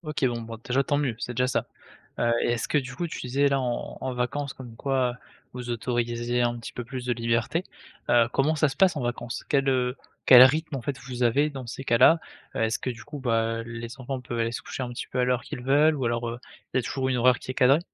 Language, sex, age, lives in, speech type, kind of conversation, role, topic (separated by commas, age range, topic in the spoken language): French, male, 20-24, France, conversation, podcast, host, Quelles routines aident à respecter l’heure du coucher ?